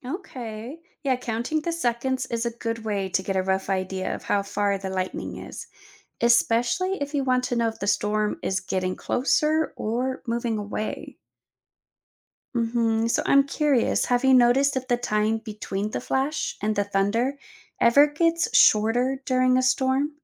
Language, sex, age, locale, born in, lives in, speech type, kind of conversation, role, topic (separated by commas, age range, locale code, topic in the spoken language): English, female, 30-34, en-US, United States, United States, read, expert-assistant, expert, How can we use lightning and thunder to understand storms?